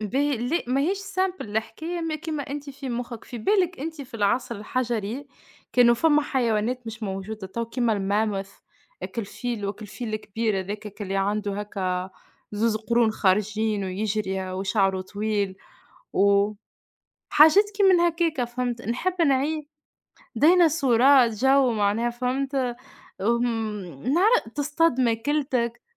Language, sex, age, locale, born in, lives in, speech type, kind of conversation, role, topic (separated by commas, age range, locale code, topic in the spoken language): Arabic, female, 25-29, ar-TN, Tunisia, Portugal, conversation, unstructured, none, إيه العصر التاريخي اللي نفسك تعيش فيه؟